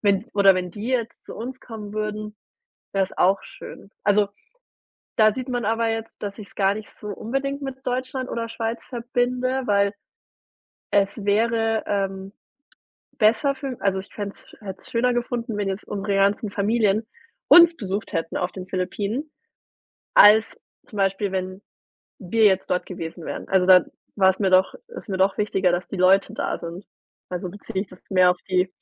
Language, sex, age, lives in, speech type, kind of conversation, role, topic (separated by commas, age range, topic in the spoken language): German, female, 30-34, Germany, conversation, podcast, guest, Was bedeutet Heimat für dich heute?